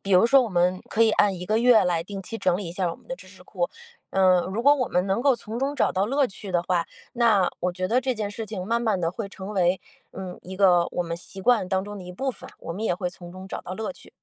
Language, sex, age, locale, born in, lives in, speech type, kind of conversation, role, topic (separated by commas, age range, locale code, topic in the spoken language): Chinese, female, 40-44, zh-CN, China, United States, conversation, podcast, guest, 你有哪些整理知识库的实用技巧？